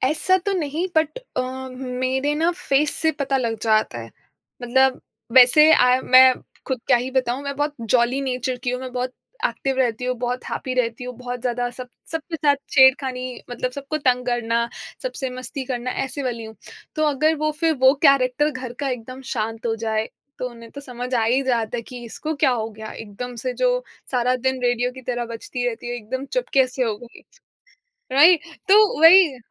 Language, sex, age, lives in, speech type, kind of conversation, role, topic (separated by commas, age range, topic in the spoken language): Hindi, female, 25-29, India, conversation, podcast, guest, Family aur career ke beech tum balance kaise banate ho?